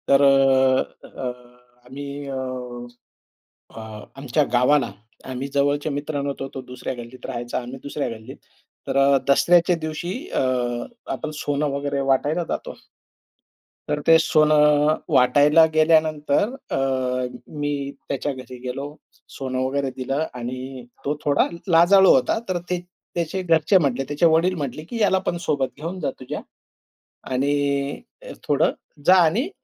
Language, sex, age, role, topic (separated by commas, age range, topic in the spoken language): Marathi, male, 45-49, guest, आठवणी आणि पारंपरिक सणांमुळे प्रेम अधिक मजबूत कसे होते आणि त्याचा अनुभव कसा वाटतो?